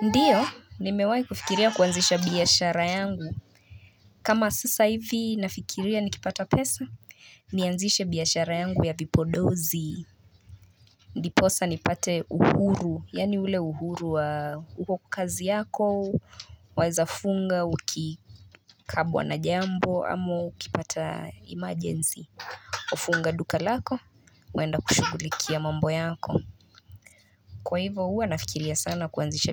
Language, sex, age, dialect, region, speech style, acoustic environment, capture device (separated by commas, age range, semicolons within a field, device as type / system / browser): Swahili, female, 25-34, Kenya - Nairobi Sheng-influenced, Kenya, relaxed, nature; people talking, mobile / Linux / Chrome